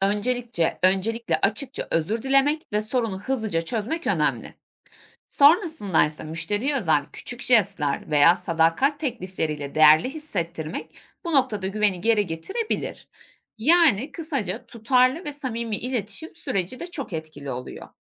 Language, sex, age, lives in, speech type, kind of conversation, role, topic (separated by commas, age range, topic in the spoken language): Turkish, female, 25-29, Germany, read, expert-assistant, expert, İndirimler veya kampanyalar kararlarımızı nasıl etkiler? Davranışsal ekonomi bu konuda ne söylüyor?